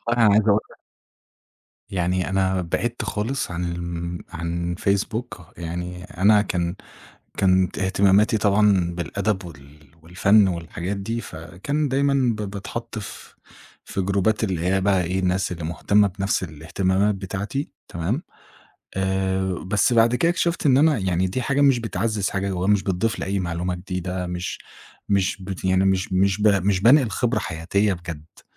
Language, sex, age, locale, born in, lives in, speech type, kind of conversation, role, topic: Arabic, male, 25-29, ar-EG, Egypt, Egypt, conversation, podcast, guest, إزاي بتتأكد من صحة الأخبار على السوشيال ميديا قبل ما تصدّقها؟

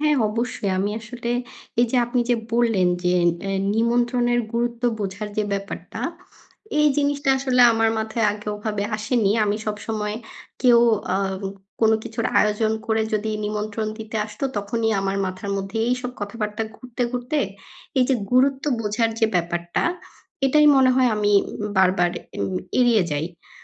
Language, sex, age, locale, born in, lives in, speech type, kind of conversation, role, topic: Bengali, female, 25-29, bn-BD, Bangladesh, Bangladesh, conversation, advice, user, নিমন্ত্রণ ফিরিয়ে দিতে কষ্ট হলে ভদ্রভাবে কীভাবে 'না' বলবেন?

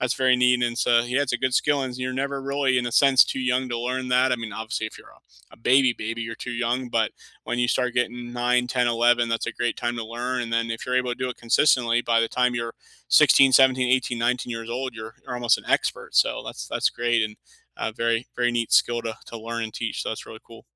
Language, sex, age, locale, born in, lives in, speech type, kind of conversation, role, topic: English, male, 30-34, en-US, United States, United States, conversation, unstructured, none, What are you looking forward to this month?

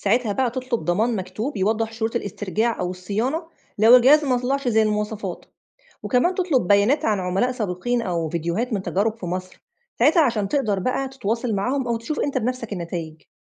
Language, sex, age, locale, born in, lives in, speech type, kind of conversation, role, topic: Arabic, female, 35-39, ar-EG, Egypt, Egypt, read, expert-assistant, expert, لو حابب أطور مزرعة عيلتي بتقنيات جديدة، إيه أفضل طريقة أبحث بيها عن حلول فعلاً مناسبة لظروفنا في مصر؟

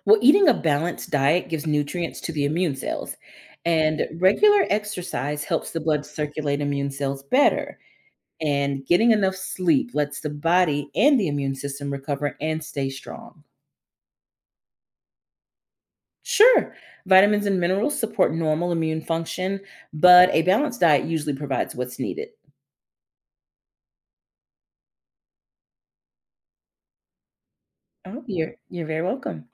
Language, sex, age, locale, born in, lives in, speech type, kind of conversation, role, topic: English, female, 35-39, en-US, United States, United States, read, expert-assistant, expert, What is the function of the immune system in the human body?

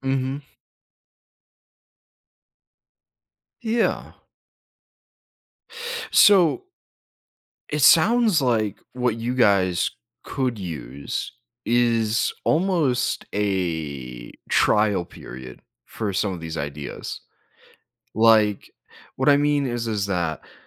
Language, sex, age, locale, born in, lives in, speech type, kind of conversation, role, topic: English, male, 20-24, en-US, United States, United States, conversation, advice, advisor, How can I break out of my comfort zone?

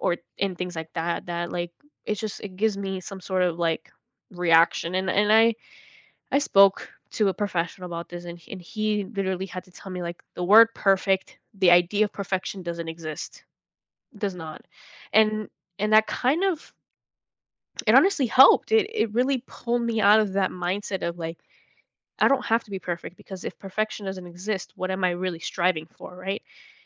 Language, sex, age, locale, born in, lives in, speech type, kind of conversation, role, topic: English, female, 18-19, en-US, United States, United States, conversation, podcast, guest, What influences people to keep certain aspects of themselves private?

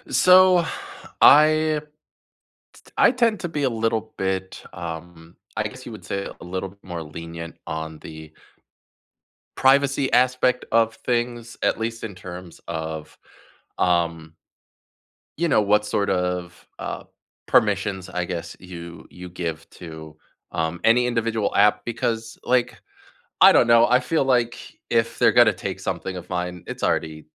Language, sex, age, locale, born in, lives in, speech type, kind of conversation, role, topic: English, male, 30-34, en-US, United States, United States, conversation, unstructured, none, How do you balance convenience and privacy in the tech you use every day?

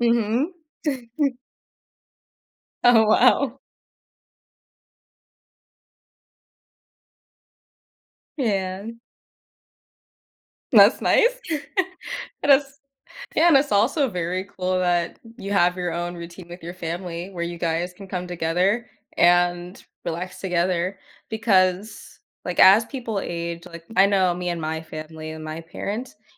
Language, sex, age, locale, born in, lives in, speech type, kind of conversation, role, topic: English, female, 20-24, en-US, United States, United States, conversation, podcast, host, How can I create a relaxing evening routine?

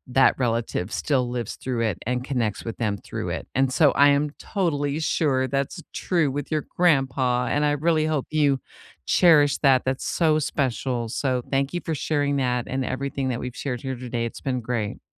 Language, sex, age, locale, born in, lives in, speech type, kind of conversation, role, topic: English, female, 55-59, en-US, United States, United States, conversation, unstructured, none, How do you care for and display houseplants at home?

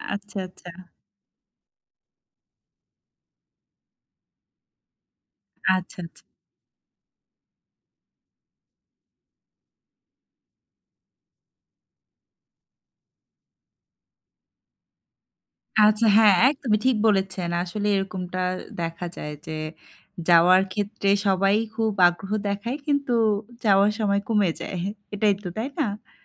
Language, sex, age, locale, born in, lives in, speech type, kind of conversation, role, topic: Bengali, female, 25-29, bn-BD, Bangladesh, Bangladesh, conversation, podcast, host, ট্রেনে বা বাসে ভ্রমণের কোনো স্মরণীয় কাহিনী কি আপনি শেয়ার করতে পারবেন?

